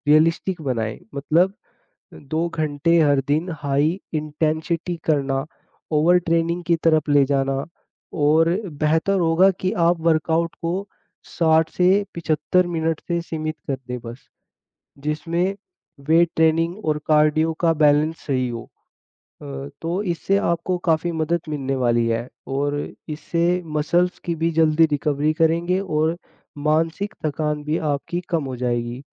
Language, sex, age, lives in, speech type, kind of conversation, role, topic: Hindi, male, 20-24, India, conversation, advice, advisor, वर्कआउट के बाद मुझे लगातार थकान क्यों महसूस होती है?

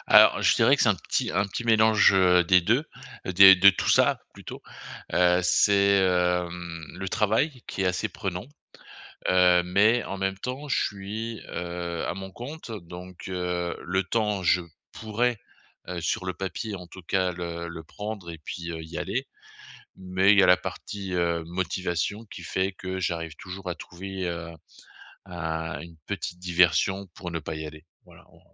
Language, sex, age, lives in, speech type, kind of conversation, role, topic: French, male, 50-54, France, conversation, advice, user, Comment mes petites décisions quotidiennes influenceront-elles ma vie dans 5, 10 ou 20 ans ?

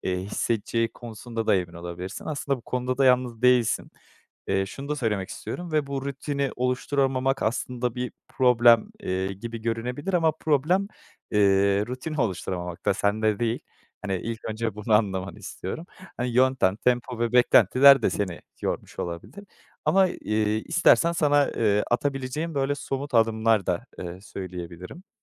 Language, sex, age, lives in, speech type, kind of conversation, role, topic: Turkish, male, 25-29, Netherlands, conversation, advice, advisor, Egzersiz rutinine bir türlü başlayamıyor ya da sürdüremiyorsan, bunu değiştirmek için nereden başlamalısın?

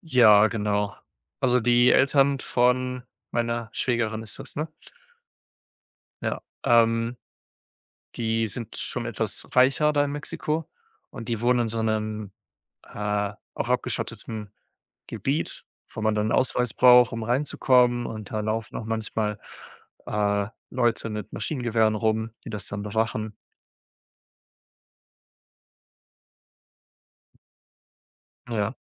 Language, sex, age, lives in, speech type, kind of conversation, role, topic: German, male, 25-29, Germany, conversation, unstructured, none, Welche Vorteile hat es, neue Länder zu entdecken?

